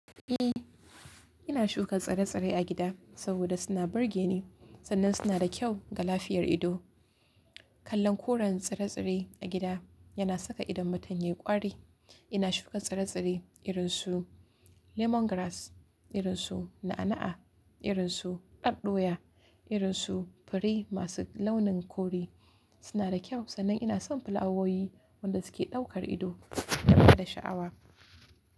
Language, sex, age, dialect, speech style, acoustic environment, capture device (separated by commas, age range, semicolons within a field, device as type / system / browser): Hausa, female, 18-24, Nigeria - Kano, focused, nature; silence, mobile / Linux / Chrome